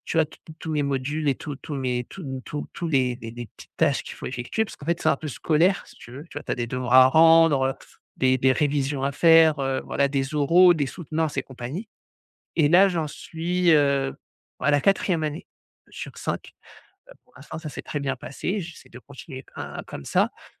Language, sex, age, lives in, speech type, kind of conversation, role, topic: French, male, 35-39, France, conversation, advice, user, Comment trouver un bon équilibre entre détente et productivité au quotidien ?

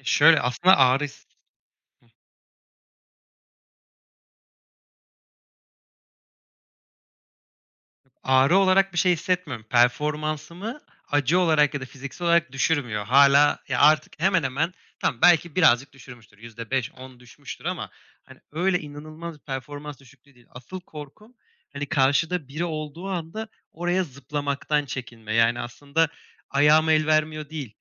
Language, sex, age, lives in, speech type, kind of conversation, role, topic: Turkish, male, 25-29, Germany, conversation, advice, user, Sakatlıktan sonra egzersize geri dönmekten neden korkuyor ve kendinize neden güvenemiyorsunuz?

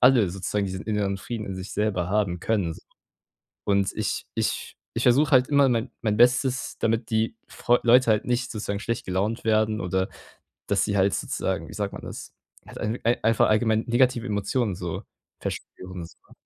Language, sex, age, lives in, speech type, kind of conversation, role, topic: German, male, 18-19, Germany, conversation, advice, user, Wie sage ich, dass mich häufige Einladungen und Feiern sozial erschöpfen?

